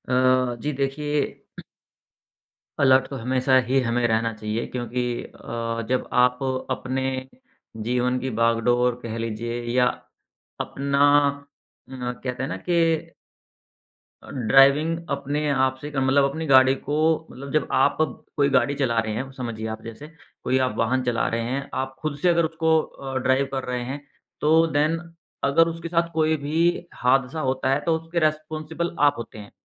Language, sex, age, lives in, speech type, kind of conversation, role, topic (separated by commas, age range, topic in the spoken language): Hindi, male, 35-39, India, conversation, podcast, guest, Aane wale waqt mein apni online privacy ko kaise surakshit rakhenge, tumhare kya ideas hain?